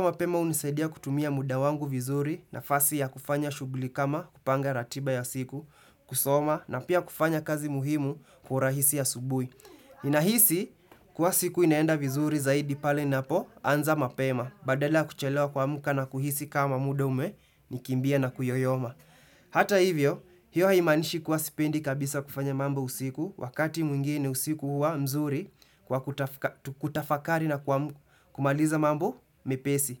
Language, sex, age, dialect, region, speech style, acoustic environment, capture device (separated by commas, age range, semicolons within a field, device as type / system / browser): Swahili, male, 18-24, Kenya - Nairobi Sheng-influenced, Kenya, happy; excited, silence, desktop / Windows / Chrome